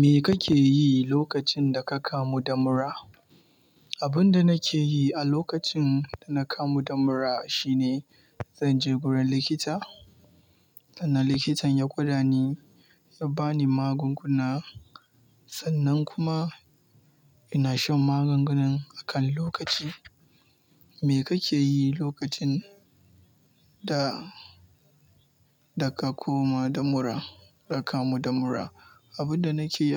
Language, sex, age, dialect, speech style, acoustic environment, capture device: Hausa, male, 18-24, Nigeria - Kano, relaxed, nature, mobile / Linux / Chrome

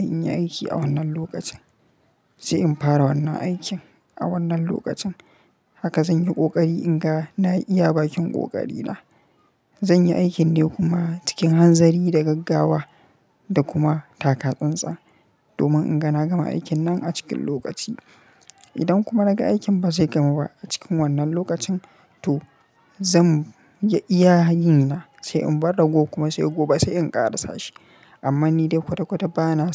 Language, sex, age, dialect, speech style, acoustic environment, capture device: Hausa, male, 25-34, Nigeria - Kano, happy, silence, mobile / Linux / Chrome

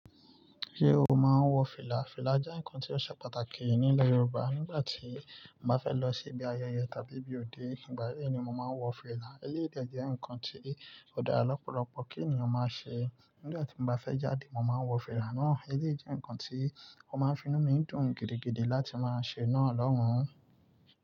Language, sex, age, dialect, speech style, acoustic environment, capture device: Yoruba, male, 25-34, Nigeria - Lagos Yoruba, relaxed, nature, mobile / Linux / Chrome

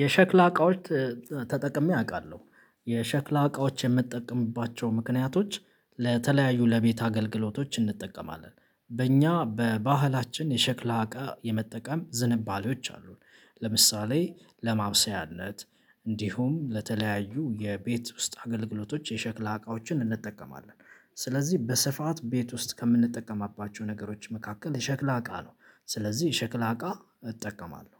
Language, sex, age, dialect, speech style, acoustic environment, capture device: Amharic, male, 25-34, Ethiopia - Addis Ababa, excited, silence, mobile / Linux / Chrome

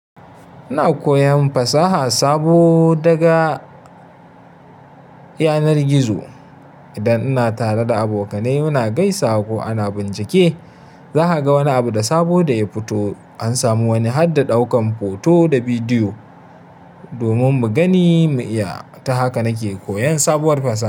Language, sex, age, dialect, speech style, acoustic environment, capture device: Hausa, male, 25-34, Nigeria - Kano, happy, nature; silence, mobile / Linux / Chrome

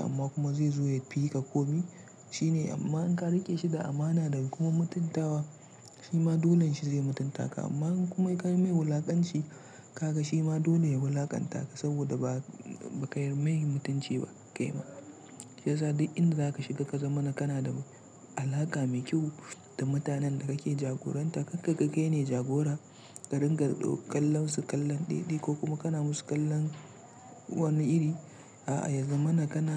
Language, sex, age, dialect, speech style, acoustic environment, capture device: Hausa, male, 25-34, Nigeria - Kano, relaxed; tired, silence; nature, mobile / Linux / Chrome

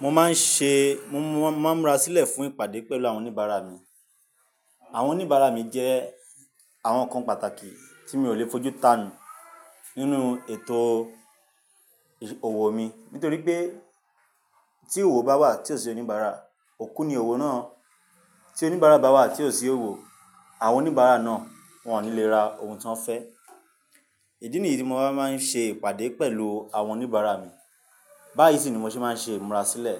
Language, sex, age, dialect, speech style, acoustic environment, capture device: Yoruba, male, 25-34, Nigeria - Nigerian Standard, happy, nature, mobile / Linux / Chrome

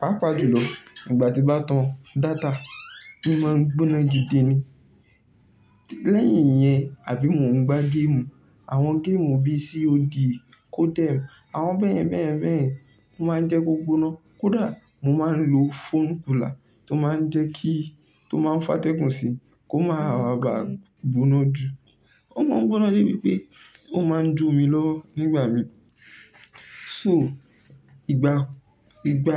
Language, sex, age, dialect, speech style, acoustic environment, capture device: Yoruba, male, 18-24, Nigeria - Ibadan, relaxed, people talking, mobile / Linux / Chrome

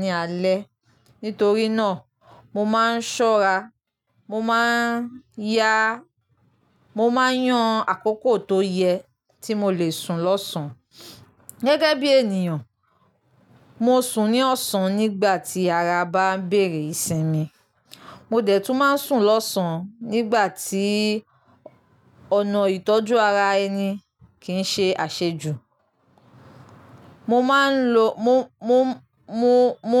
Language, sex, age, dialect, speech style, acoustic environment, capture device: Yoruba, female, 25-34, Nigeria - Lagos Yoruba, happy, nature, mobile / Linux / Chrome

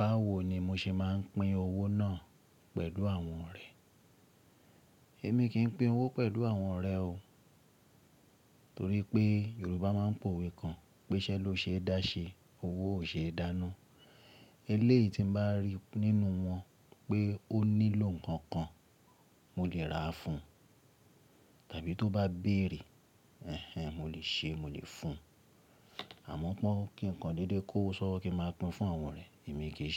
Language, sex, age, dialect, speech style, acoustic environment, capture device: Yoruba, male, 35-44, Nigeria - Ibadan, relaxed, silence, mobile / Linux / Chrome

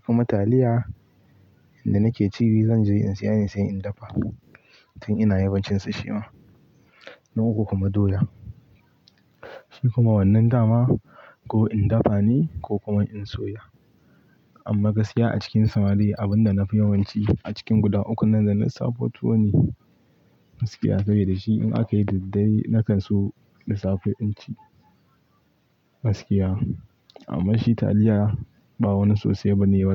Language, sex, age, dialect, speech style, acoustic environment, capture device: Hausa, male, 18-24, Nigeria - Pidgin-influenced, happy; focused, nature, mobile / Linux / Chrome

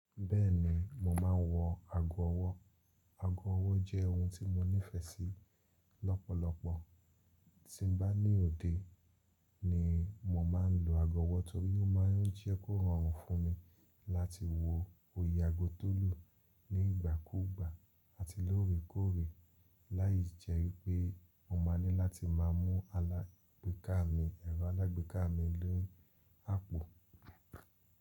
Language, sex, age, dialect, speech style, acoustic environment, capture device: Yoruba, male, 25-34, Nigeria - Lagos Yoruba, relaxed, nature, mobile / Linux / Chrome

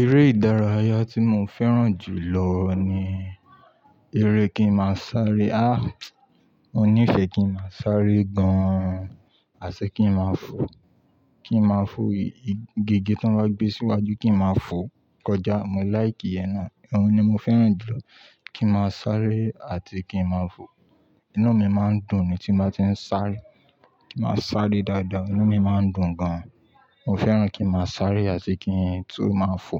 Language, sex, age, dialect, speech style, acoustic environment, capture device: Yoruba, male, 25-34, Nigeria - Ibadan, happy, people talking, mobile / Linux / Chrome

